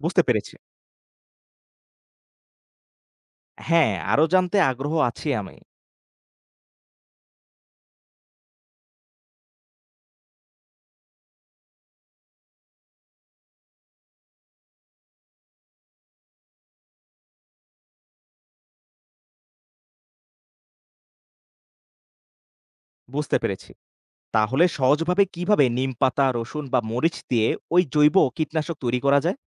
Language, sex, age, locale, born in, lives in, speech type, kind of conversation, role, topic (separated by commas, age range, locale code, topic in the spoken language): Bengali, male, 25-29, bn-BD, Bangladesh, Bangladesh, read, expert-assistant, asker, কৃষি খাতে বর্জ্য ব্যবস্থাপনার প্রয়োজনীয়তা কী